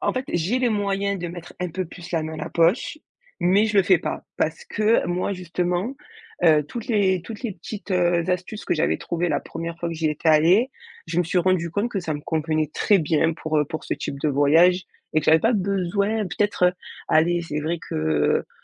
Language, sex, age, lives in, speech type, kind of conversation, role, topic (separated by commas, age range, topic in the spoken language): French, female, 25-29, France, conversation, podcast, guest, Quelles astuces pour voyager avec un petit budget ?